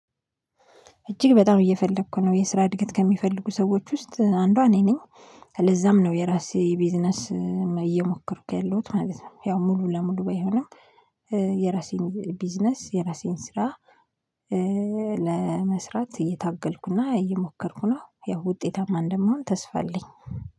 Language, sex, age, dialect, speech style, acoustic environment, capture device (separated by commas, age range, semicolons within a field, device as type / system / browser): Amharic, female, 25-34, Ethiopia - Addis Ababa, relaxed, silence, mobile / Linux / Chrome